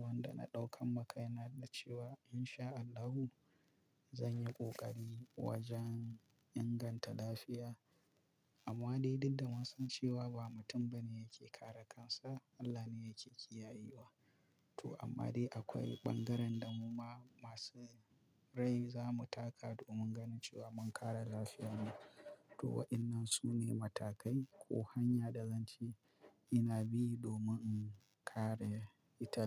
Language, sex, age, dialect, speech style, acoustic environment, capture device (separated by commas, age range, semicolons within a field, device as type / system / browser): Hausa, male, 25-34, Nigeria - Kano, focused, silence, mobile / Linux / Chrome